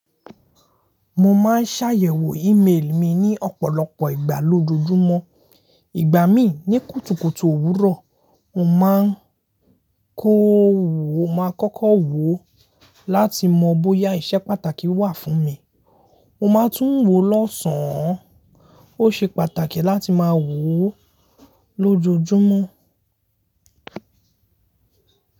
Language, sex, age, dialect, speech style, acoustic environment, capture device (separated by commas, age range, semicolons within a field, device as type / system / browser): Yoruba, male, 18-24, Nigeria - Lagos Yoruba, happy; focused, silence, mobile / Linux / Chrome